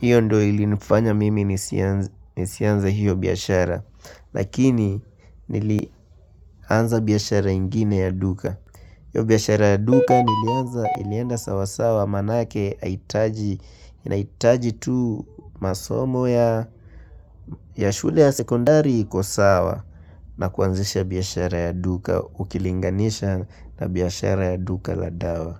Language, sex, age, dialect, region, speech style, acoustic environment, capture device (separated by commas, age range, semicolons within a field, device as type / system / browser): Swahili, male, 18-24, Kenya - Nairobi Sheng-influenced, Kenya, happy, silence, mobile / Linux / Chrome